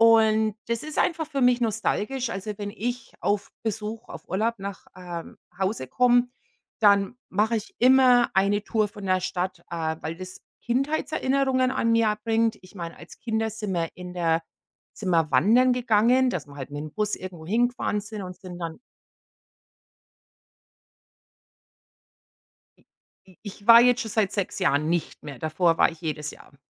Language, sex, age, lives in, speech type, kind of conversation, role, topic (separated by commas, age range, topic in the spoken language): German, female, 45-49, United States, conversation, unstructured, none, Gibt es einen Ort, der bei dir nostalgische Gefühle weckt?